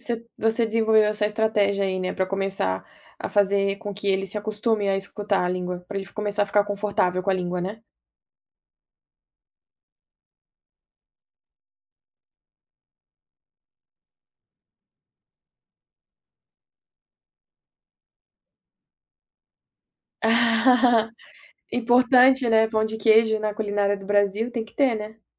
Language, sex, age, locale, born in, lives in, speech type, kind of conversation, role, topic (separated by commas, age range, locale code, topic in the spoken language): Portuguese, female, 25-29, pt-BR, Brazil, United States, conversation, podcast, host, Que papel tem a língua da sua família na sua identidade?